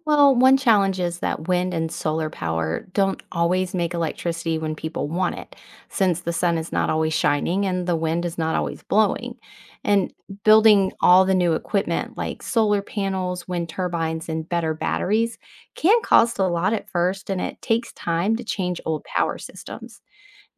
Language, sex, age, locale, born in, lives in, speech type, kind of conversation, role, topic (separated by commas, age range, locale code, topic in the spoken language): English, female, 40-44, en-US, United States, United States, read, expert-assistant, expert, What is acid rain and how does it affect the environment?